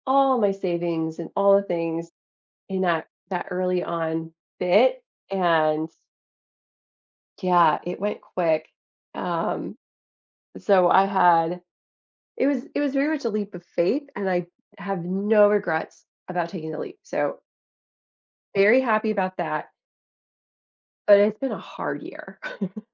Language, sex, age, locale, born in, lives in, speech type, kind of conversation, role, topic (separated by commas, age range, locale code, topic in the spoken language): English, female, 35-39, en-US, United States, United States, conversation, advice, user, How can I build a simple budget and emergency fund to handle unexpected expenses?